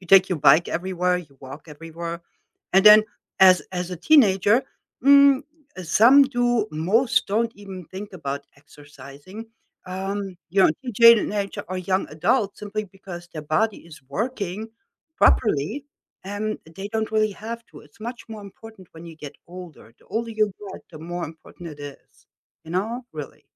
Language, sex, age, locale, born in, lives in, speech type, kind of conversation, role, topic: English, female, 55-59, en-DE, Germany, United States, conversation, unstructured, none, Have you ever reached a fitness goal that you didn’t think was possible?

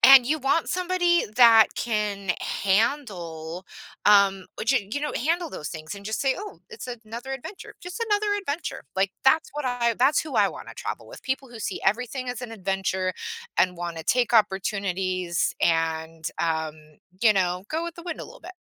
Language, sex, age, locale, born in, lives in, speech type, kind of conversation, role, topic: English, female, 40-44, en-US, United States, United States, conversation, podcast, guest, What experiences or moments make travel especially meaningful to you?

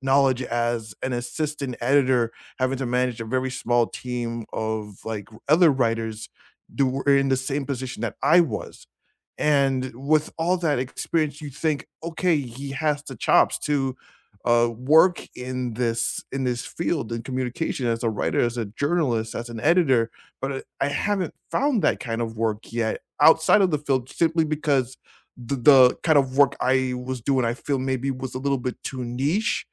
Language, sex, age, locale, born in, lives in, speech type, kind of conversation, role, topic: English, male, 35-39, en-US, United States, United States, conversation, unstructured, none, What is a goal that changed the way you see yourself?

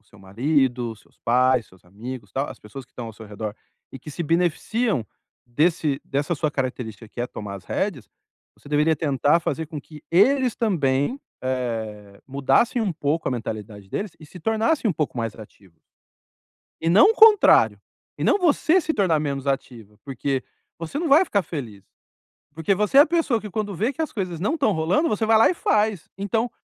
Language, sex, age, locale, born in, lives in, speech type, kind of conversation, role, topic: Portuguese, male, 45-49, pt-BR, Brazil, Spain, conversation, advice, advisor, Como posso avaliar o impacto das minhas ações na vida dos outros?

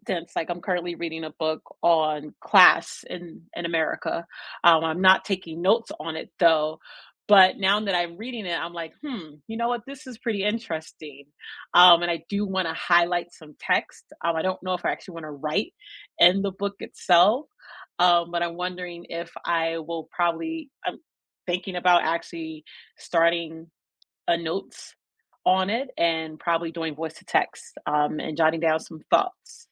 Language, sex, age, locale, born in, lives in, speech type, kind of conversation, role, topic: English, female, 35-39, en-US, United States, United States, conversation, unstructured, none, Paper notes vs. digital note-taking apps: what helps you remember better, and what tips can you share with each other?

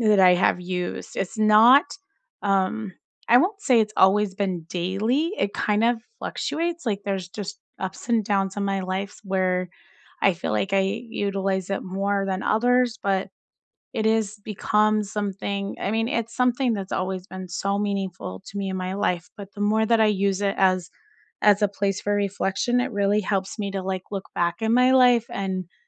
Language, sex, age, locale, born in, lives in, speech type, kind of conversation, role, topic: English, female, 45-49, en-US, United States, United States, conversation, unstructured, none, What is a small daily ritual that makes your mornings better?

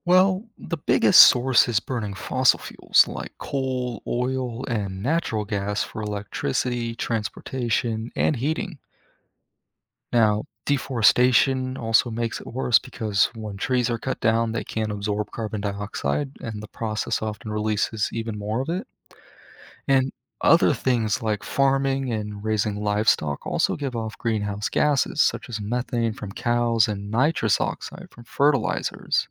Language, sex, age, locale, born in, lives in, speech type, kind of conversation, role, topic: English, male, 20-24, en-US, United States, United States, read, expert-assistant, expert, What causes seasons to change?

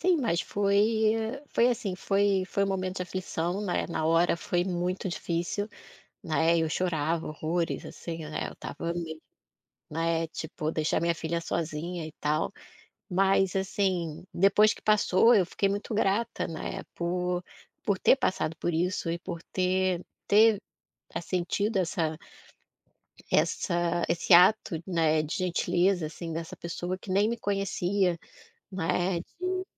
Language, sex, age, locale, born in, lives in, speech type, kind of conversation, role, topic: Portuguese, female, 40-44, pt-BR, Brazil, Portugal, conversation, podcast, guest, Você já teve um encontro que te surpreendeu pela gentileza?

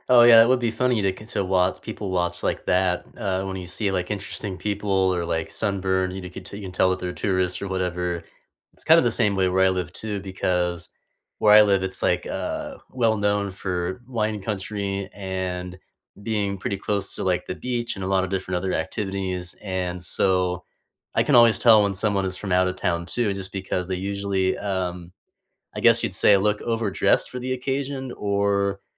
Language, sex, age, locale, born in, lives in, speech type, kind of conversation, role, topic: English, male, 30-34, en-US, United States, United States, conversation, unstructured, none, What local cafés are your favorite places to people-watch and enjoy a good conversation, and why?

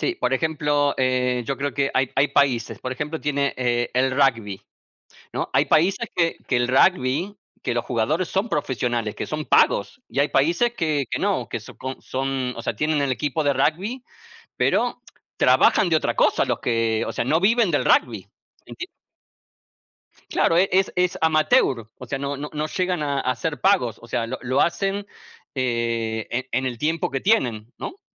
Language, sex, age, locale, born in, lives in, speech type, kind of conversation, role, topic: Spanish, male, 45-49, es-AR, Argentina, United States, conversation, unstructured, none, ¿Te parece justo que algunos deportes estén mucho mejor pagados que otros?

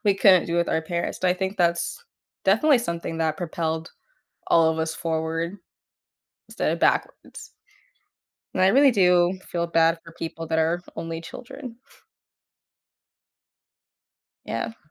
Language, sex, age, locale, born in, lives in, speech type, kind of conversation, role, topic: English, female, 20-24, en-US, United States, United States, conversation, podcast, guest, What helps families build trust and openness when talking about emotions?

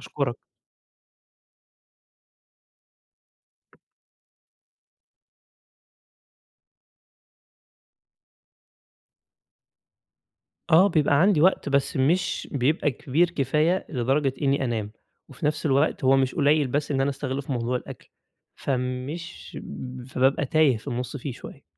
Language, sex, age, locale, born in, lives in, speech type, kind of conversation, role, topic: Arabic, male, 20-24, ar-EG, Egypt, Egypt, conversation, advice, user, إزاي أقدر أبني روتين يومي يساعدني أتعامل مع إحساس عدم اليقين بسبب أخبار العالم؟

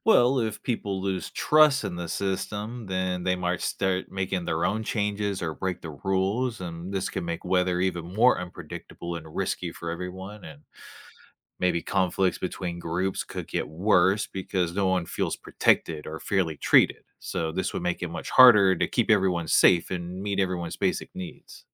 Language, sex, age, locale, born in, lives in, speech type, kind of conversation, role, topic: English, male, 30-34, en-US, United States, United States, read, expert-assistant, expert, How would the world change if everyone could control the weather for a day?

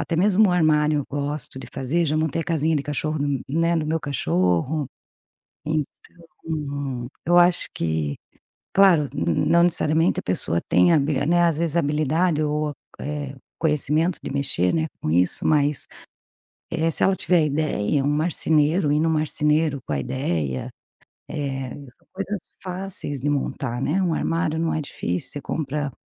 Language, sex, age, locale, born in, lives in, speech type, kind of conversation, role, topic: Portuguese, female, 45-49, pt-BR, Brazil, Portugal, conversation, podcast, guest, Como transformar um quarto pequeno em um espaço aconchegante?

